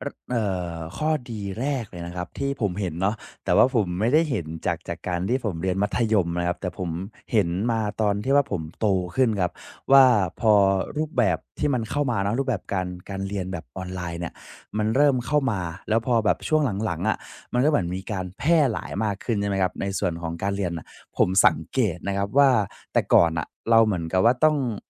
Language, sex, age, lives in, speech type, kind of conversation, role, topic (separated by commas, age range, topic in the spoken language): Thai, male, 20-24, Thailand, conversation, podcast, guest, การเรียนออนไลน์เปลี่ยนแปลงระบบการศึกษาอย่างไรในมุมมองของคุณ?